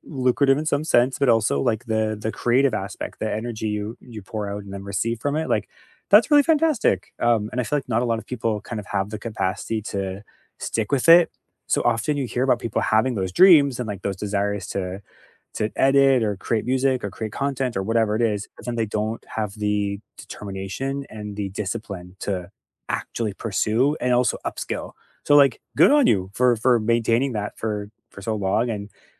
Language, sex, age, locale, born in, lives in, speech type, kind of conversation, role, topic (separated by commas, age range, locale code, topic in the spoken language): English, male, 35-39, en-CA, Canada, Canada, conversation, unstructured, none, How do you decide which skill is worth learning?